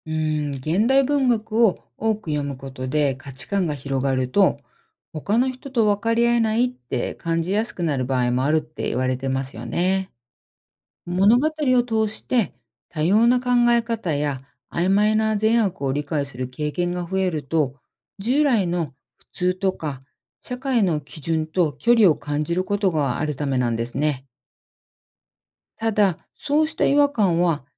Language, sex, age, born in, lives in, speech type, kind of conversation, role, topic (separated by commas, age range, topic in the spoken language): Japanese, female, 50-54, Japan, United States, read, expert-assistant, expert, 現代文学を読むことで善悪の感覚は変わりますか？